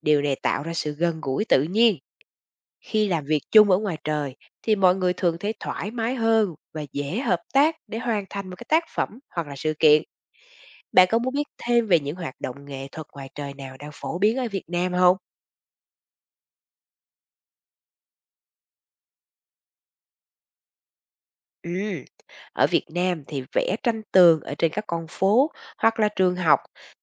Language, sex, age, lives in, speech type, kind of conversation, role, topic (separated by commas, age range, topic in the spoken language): Vietnamese, female, 40-44, Vietnam, read, expert-assistant, expert, Làm thế nào việc tham gia các hoạt động nghệ thuật cộng đồng giúp gắn kết mọi người?